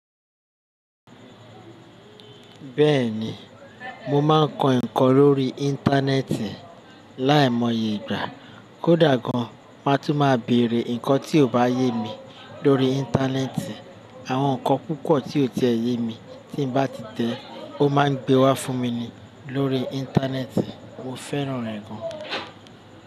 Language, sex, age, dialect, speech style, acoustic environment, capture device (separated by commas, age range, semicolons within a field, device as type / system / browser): Yoruba, male, 35-44, Nigeria - Lagos Yoruba, happy, people talking, desktop / Linux / Chrome